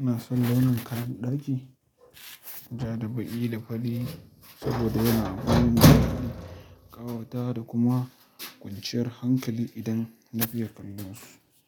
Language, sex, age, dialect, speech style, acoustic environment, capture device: Hausa, male, 18-24, Nigeria - Kano, happy, silence, mobile / Linux / Chrome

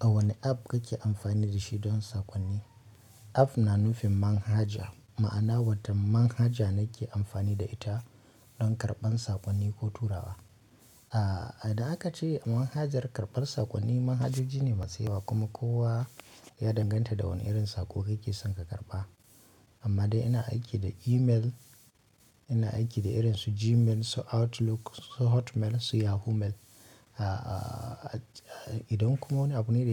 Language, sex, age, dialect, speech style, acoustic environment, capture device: Hausa, male, 25-34, Nigeria - Kano, excited, nature, mobile / Linux / Chrome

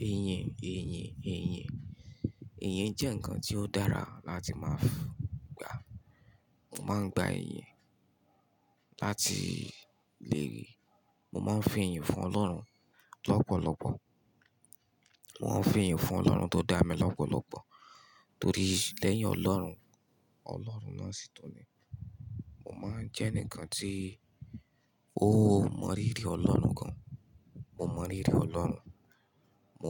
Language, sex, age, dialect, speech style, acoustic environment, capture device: Yoruba, male, 25-34, Nigeria - Ibadan, happy, nature, mobile / Linux / Chrome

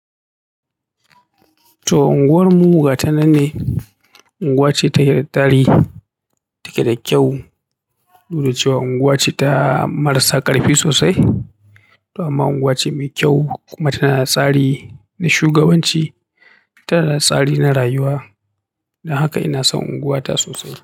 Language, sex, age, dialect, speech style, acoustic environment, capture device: Hausa, male, 35-44, Nigeria - Kano, happy, silence, mobile / Linux / Chrome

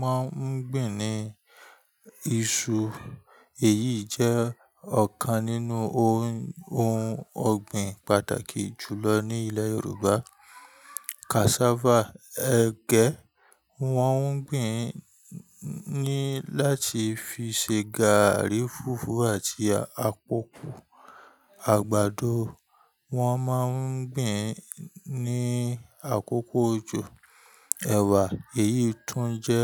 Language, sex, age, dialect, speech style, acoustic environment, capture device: Yoruba, male, 25-34, Nigeria - Ibadan, happy, nature; people talking, mobile / Linux / Chrome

